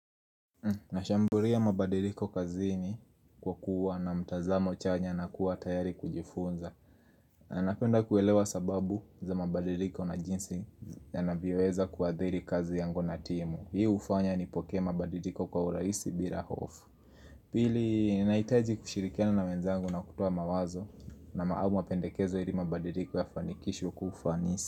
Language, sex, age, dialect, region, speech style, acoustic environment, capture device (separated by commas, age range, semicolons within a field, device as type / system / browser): Swahili, male, 18-24, Kenya - Nairobi Sheng-influenced, Kenya, happy; nervous, people talking; music; nature, mobile / Linux / Chrome